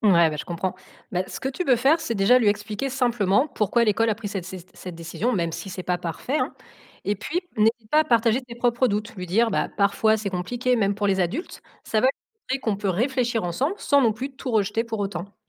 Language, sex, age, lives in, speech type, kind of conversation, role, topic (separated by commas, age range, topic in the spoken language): French, female, 35-39, France, read, expert-assistant, expert, Comment peut-on mieux comprendre ce qui motive les décisions sur l’école et en discuter avec ses proches ?